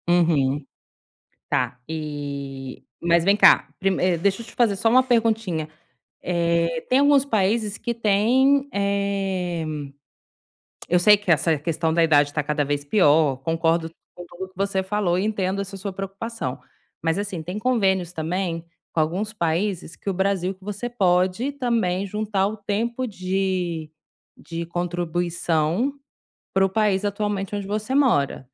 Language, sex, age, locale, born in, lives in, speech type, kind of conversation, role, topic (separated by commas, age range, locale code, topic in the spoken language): Portuguese, female, 35-39, pt-BR, Brazil, Spain, conversation, advice, advisor, Como posso começar a construir um fundo de emergência eficaz?